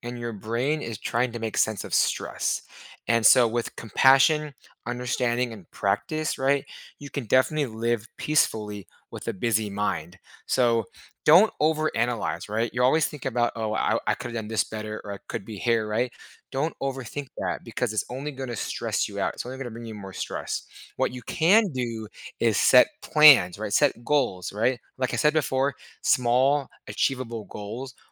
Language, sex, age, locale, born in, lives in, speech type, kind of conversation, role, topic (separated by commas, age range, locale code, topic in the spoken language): English, male, 30-34, en-US, United States, United States, conversation, advice, advisor, How can I boost my daily happiness with small, manageable habits?